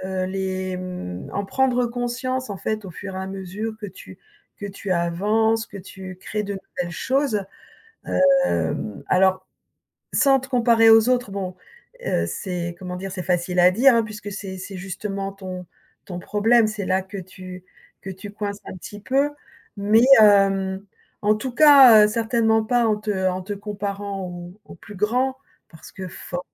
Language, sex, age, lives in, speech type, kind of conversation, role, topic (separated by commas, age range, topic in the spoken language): French, female, 55-59, France, conversation, advice, advisor, Pourquoi est-ce que je me compare constamment aux autres, au point que cela m’empêche d’oser parler en public ?